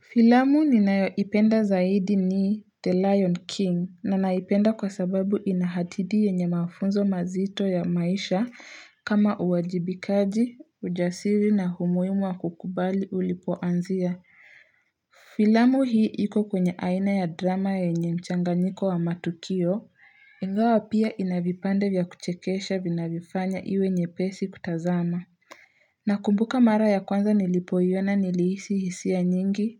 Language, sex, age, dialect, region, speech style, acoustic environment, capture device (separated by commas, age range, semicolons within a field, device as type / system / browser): Swahili, female, 18-24, Kenya - Nairobi Sheng-influenced, Kenya, relaxed, silence, mobile / Linux / Chrome